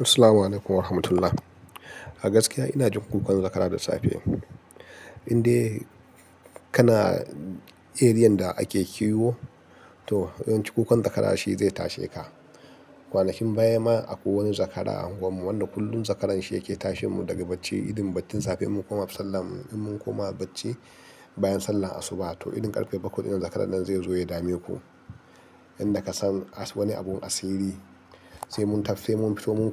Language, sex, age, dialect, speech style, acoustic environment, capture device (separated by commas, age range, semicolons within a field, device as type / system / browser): Hausa, male, 35-44, Nigeria - Nigerian Standard, happy, nature, mobile / Linux / Chrome